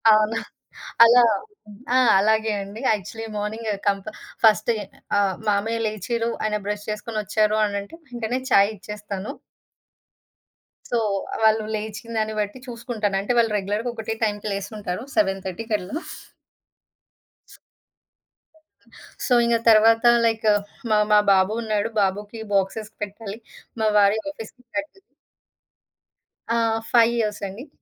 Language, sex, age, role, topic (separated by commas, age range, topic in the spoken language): Telugu, female, 30-34, guest, మీ ఇంట్లో ఉదయం లేవగానే మీరు చేసే మొదటి పని ఏమిటి?